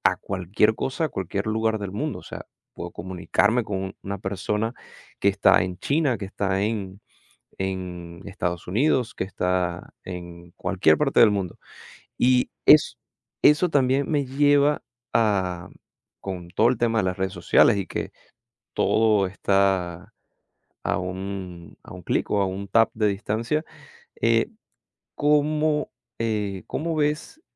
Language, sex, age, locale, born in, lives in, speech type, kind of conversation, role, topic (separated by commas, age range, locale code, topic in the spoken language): Spanish, male, 25-29, es-VE, Venezuela, United States, conversation, podcast, host, ¿Cómo han cambiado las relaciones familiares con las redes sociales?